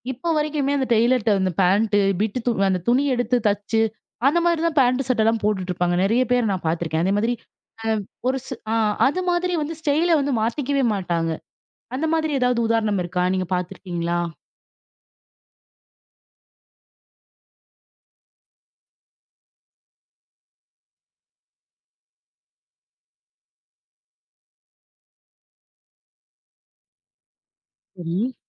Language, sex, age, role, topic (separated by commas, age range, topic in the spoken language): Tamil, female, 25-29, host, வாழ்க்கைத் திருப்பங்கள் (திருமணம், குழந்தை) உங்கள் பாணியை எப்படிப் பாதித்தன?